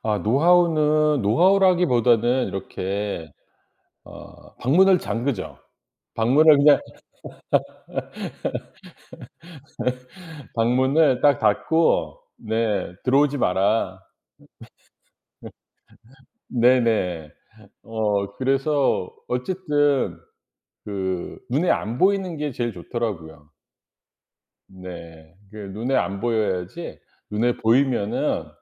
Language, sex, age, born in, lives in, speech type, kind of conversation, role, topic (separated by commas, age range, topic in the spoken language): Korean, male, 50-54, South Korea, United States, conversation, podcast, guest, 재택근무 중 일과 생활 균형을 어떻게 맞추고 유지하시나요?